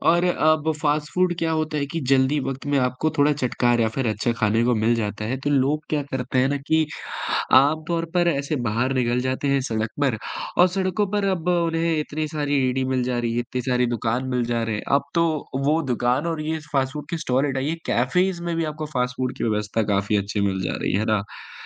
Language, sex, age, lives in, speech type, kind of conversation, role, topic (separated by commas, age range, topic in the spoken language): Hindi, male, 20-24, India, conversation, podcast, guest, तुम्हारे बचपन की सबसे यादगार डिश कौन-सी थी?